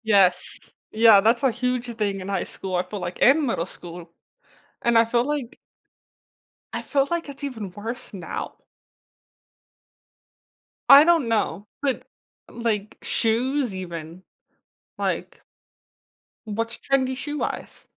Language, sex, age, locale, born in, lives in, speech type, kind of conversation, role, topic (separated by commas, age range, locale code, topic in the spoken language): English, female, 20-24, en-US, United States, United States, conversation, podcast, host, What does it mean to express your true self through your personal style?